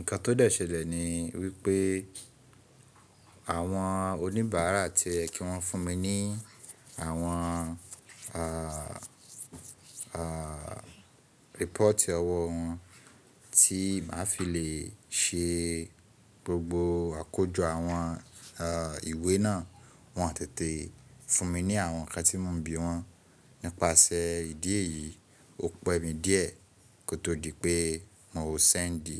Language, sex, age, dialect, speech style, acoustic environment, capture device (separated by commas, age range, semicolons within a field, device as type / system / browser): Yoruba, male, 25-34, Nigeria - Ibadan, relaxed, people talking, mobile / Linux / Chrome